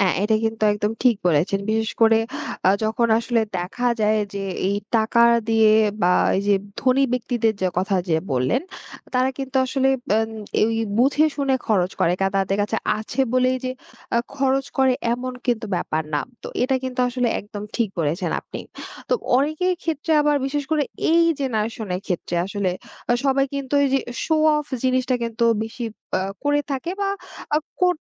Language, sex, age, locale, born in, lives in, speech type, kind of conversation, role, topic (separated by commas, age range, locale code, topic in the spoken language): Bengali, female, 20-24, bn-BD, Bangladesh, Bangladesh, conversation, podcast, host, টাকা আর সুখের মধ্যে আপনি কোনটাকে বেশি প্রাধান্য দেন?